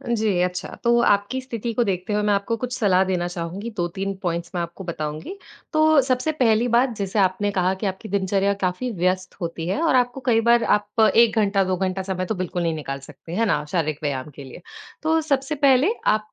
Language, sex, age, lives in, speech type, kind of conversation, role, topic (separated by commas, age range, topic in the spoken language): Hindi, female, 20-24, India, conversation, advice, advisor, आपको काम और परिवार के बीच व्यायाम के लिए समय क्यों नहीं मिल पाता?